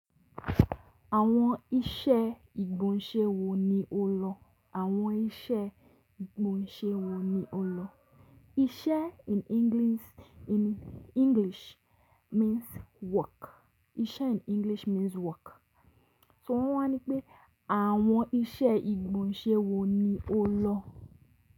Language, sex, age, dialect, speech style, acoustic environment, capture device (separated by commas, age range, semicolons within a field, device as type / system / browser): Yoruba, female, 18-24, Nigeria - Lagos Yoruba, happy, silence, mobile / Linux / Chrome